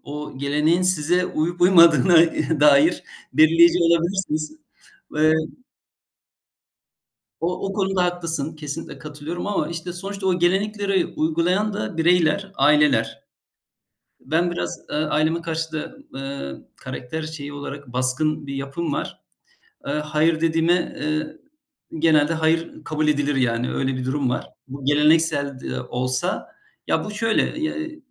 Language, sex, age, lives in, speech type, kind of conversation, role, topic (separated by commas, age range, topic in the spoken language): Turkish, male, 45-49, Germany, conversation, unstructured, none, Kültürel kayıplar insanlarda hangi duyguları uyandırır?